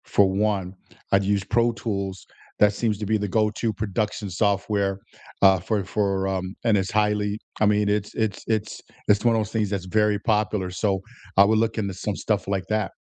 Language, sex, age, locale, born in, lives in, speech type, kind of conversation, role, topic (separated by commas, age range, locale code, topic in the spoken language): English, male, 60-64, en-US, United States, United States, conversation, unstructured, none, What new hobby would you love to try soon, and what draws you to it?